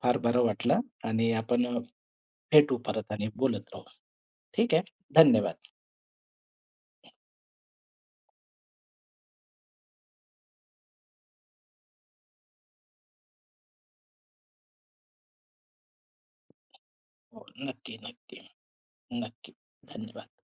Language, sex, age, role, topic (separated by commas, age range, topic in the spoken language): Marathi, male, 45-49, host, जेवण बनवून प्रेम दाखवणं तुम्हाला किती महत्त्वाचं वाटतं?